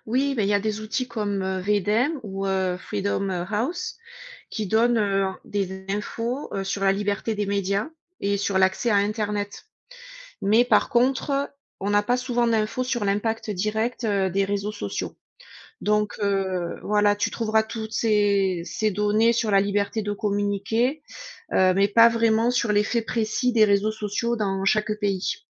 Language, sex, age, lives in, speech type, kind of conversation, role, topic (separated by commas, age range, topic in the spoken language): French, female, 40-44, United States, read, expert-assistant, expert, Tu connais des endroits en ligne où on peut explorer les différentes façons dont les pays s’organisent politiquement ?